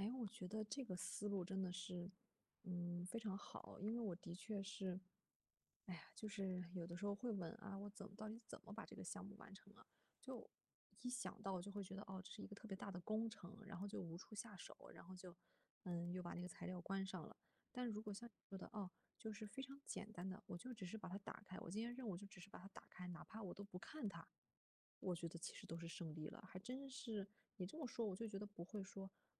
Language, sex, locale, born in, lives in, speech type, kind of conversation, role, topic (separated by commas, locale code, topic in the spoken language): Chinese, female, zh-CN, China, Germany, conversation, advice, user, 如何找回动力并完成之前未完成的项目？